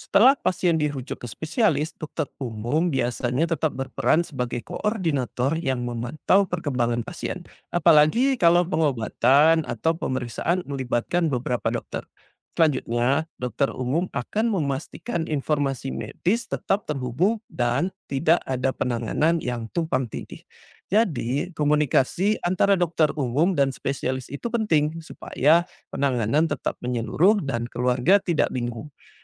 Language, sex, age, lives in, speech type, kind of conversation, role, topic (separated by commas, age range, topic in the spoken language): Indonesian, male, 40-44, Indonesia, read, expert-assistant, expert, Kapan sebaiknya konsultasi ke dokter umum atau langsung ke spesialis untuk kesehatan keluarga?